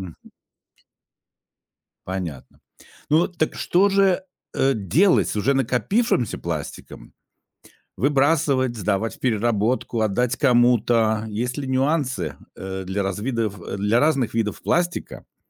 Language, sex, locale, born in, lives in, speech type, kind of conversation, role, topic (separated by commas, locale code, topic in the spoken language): Russian, male, ru-RU, Russia, Germany, conversation, podcast, host, Как убрать пластик из дома?